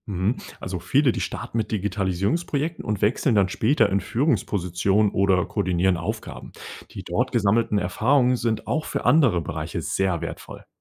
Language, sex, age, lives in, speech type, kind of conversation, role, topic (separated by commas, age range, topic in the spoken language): German, male, 20-24, Germany, read, expert-assistant, expert, Was sollte ich bedenken, bevor ich mich für eine Laufbahn im öffentlichen Dienst entscheide?